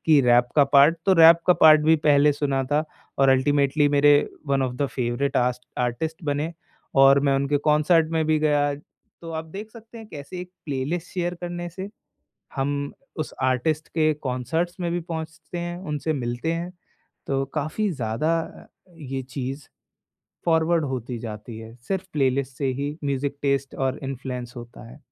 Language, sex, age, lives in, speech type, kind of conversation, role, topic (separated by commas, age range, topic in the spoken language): Hindi, male, 20-24, India, conversation, podcast, guest, Kya tumne kisi shared playlist se naye kalakaar discover kiye hain?